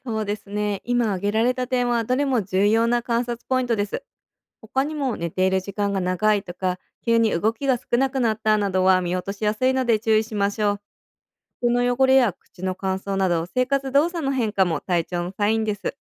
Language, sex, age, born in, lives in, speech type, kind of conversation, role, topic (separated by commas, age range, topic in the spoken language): Japanese, female, 30-34, Japan, United States, read, expert-assistant, expert, 患者さんが食事をあまり食べたがらないとき、どんなことを観察したり質問したりするとよいですか？